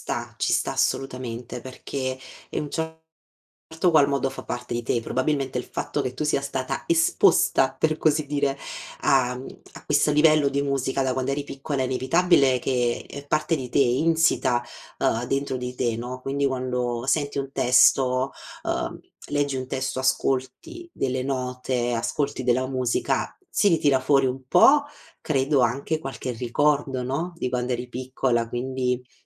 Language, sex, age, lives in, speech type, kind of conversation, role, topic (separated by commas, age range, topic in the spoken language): Italian, female, 30-34, Italy, conversation, podcast, host, Quale canzone ti commuove sempre, ancora oggi?